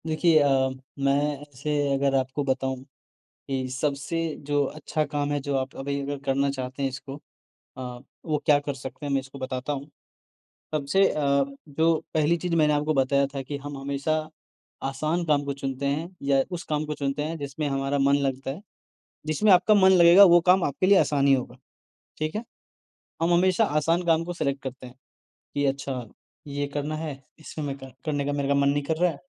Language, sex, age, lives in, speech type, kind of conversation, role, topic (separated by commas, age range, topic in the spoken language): Hindi, male, 20-24, India, conversation, advice, advisor, मैं बार-बार काम टाल देता/देती हूँ और बाद में गहरा पछतावा महसूस करता/करती हूँ—मैं इस आदत को कैसे बदलूँ?